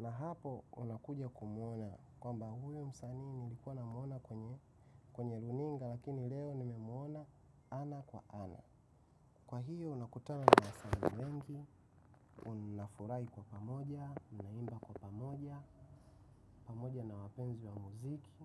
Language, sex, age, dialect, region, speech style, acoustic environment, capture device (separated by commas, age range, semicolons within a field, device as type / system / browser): Swahili, male, 25-34, Tanzania - Dar es Salaam, Tanzania, happy; relaxed, silence; nature; appliances, mobile / macOS / Safari